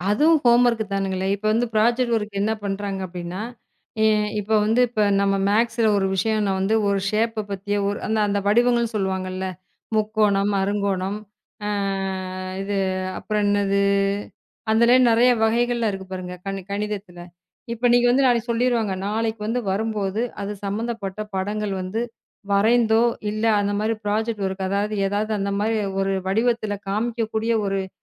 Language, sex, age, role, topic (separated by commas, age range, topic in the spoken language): Tamil, female, 35-39, guest, வீட்டுப்பாடம் சரியான அளவில் இருக்கிறதா, அல்லது அதிகமாக இருக்கிறதா?